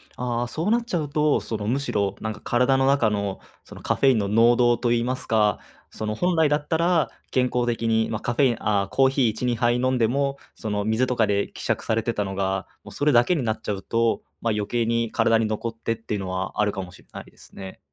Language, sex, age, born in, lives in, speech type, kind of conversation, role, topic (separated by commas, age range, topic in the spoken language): Japanese, male, 20-24, Japan, Japan, conversation, advice, advisor, 夜、寝つけない日が続いていますが、どうすれば改善できますか？